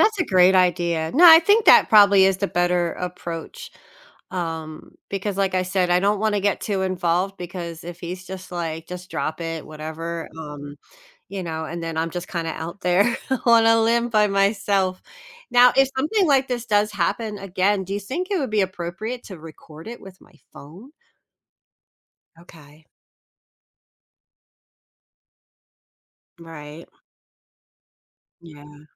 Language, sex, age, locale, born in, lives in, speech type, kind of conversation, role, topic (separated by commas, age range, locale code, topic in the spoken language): English, female, 45-49, en-US, United States, United States, conversation, advice, user, How should I respond when I witness someone being bullied?